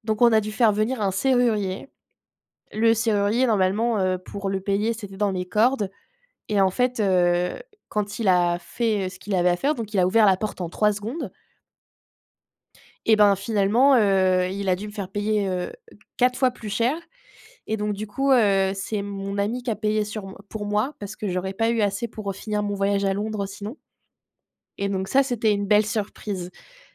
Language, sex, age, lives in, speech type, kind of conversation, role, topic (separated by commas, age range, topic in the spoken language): French, female, 25-29, France, conversation, unstructured, none, Quelle est la meilleure surprise que tu aies eue en voyage ?